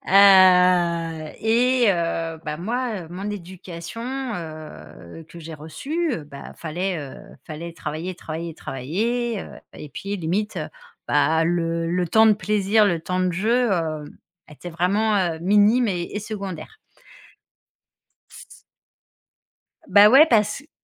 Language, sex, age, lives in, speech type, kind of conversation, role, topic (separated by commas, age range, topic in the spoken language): French, female, 40-44, France, conversation, advice, user, Pouvez-vous décrire une dispute récurrente qui ne trouve jamais de résolution ?